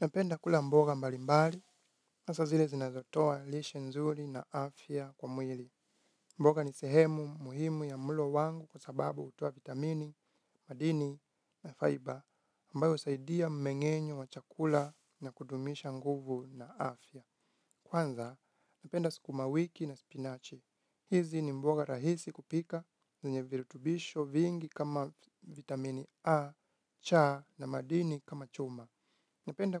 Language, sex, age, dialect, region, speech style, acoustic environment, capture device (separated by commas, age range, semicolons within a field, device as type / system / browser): Swahili, male, 25-34, Kenya - Nairobi Sheng-influenced, Kenya, relaxed, nature, mobile / Linux / Chrome